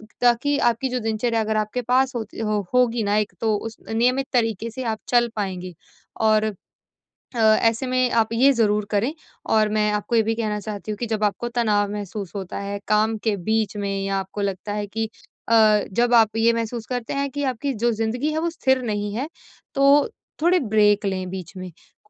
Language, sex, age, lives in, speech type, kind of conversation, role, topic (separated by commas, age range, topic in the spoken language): Hindi, female, 25-29, India, conversation, advice, advisor, रोज़मर्रा की ज़िंदगी में मैं स्थिरता कैसे बनाए रख सकता/सकती हूँ?